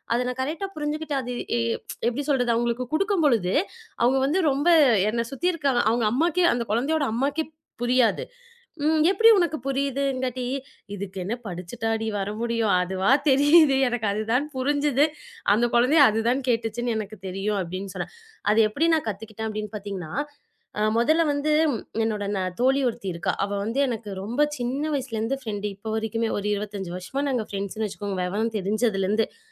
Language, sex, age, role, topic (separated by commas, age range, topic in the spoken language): Tamil, female, 25-29, guest, குழந்தைகளுக்கு எந்த வகை அன்பு மொழியை முதலில் கற்றுத்தருவது அதிக பயனுள்ளதாக இருக்கும்?